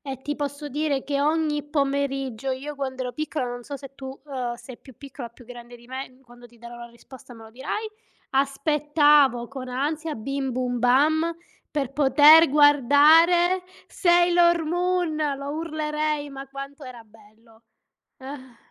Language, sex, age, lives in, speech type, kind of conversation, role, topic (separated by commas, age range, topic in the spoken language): Italian, female, 35-39, Italy, conversation, unstructured, none, Che cosa ricordi con più affetto dei tuoi pomeriggi dopo scuola?